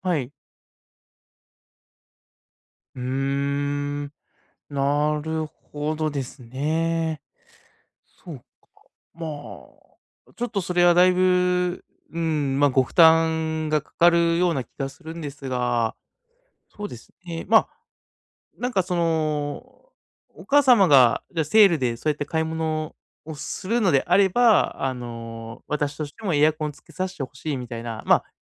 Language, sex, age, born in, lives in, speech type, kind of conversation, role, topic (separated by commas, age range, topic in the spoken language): Japanese, male, 30-34, Japan, Japan, conversation, advice, advisor, お金に対する価値観の違いが原因で、よく争ってしまうのはなぜですか？